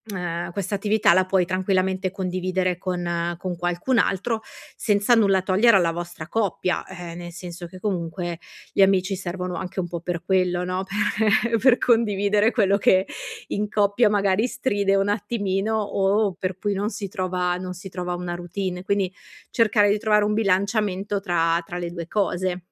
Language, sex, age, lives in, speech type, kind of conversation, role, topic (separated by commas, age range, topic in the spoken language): Italian, female, 40-44, Italy, conversation, advice, advisor, Come posso mantenere ogni giorno curiosità e nuovi stimoli?